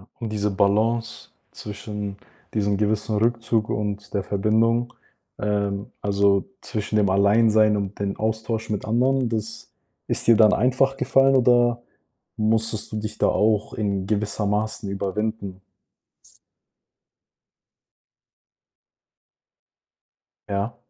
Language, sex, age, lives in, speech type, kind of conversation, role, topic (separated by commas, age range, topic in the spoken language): German, male, 20-24, Germany, conversation, podcast, host, Wie findest du heraus, was dir wirklich wichtig ist?